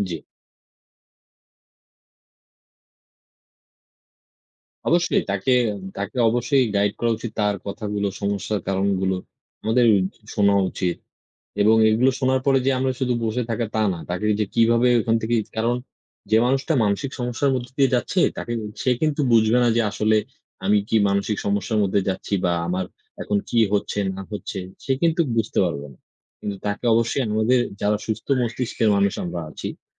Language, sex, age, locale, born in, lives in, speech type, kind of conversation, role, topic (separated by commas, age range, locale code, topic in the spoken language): Bengali, male, 20-24, bn-BD, Bangladesh, Bangladesh, conversation, unstructured, none, মানসিক সমস্যায় ভোগা মানুষকে কি সমাজ থেকে প্রত্যাখ্যান করা উচিত?